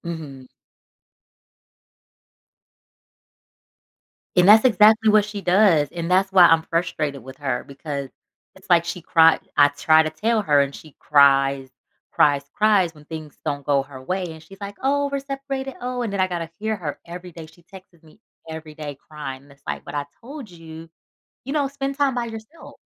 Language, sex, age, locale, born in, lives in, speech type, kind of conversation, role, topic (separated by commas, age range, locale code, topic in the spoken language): English, female, 35-39, en-US, United States, United States, conversation, advice, user, How should I address recurring boundary issues with my close friend?